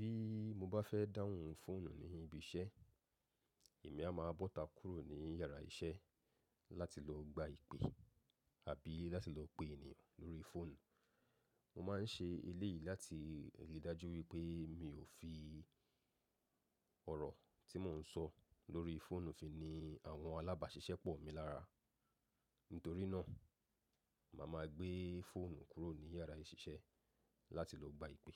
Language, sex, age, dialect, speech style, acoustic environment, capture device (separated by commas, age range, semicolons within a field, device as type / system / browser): Yoruba, male, 35-44, Nigeria - Lagos Yoruba, relaxed, silence, mobile / macOS / Safari